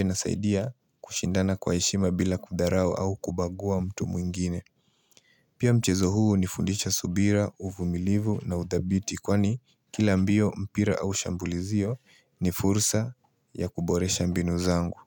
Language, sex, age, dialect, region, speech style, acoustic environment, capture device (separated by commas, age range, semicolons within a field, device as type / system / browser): Swahili, male, 18-24, Kenya - Nairobi Sheng-influenced, Kenya, focused; relaxed, silence, mobile / Linux / Chrome